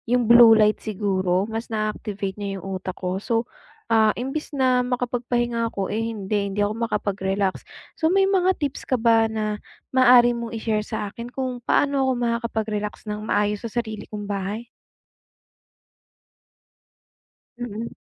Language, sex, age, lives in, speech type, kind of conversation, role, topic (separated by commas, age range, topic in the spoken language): Filipino, female, 25-29, United States, conversation, advice, user, Paano ako makakapagpahinga nang maayos habang nasa bahay?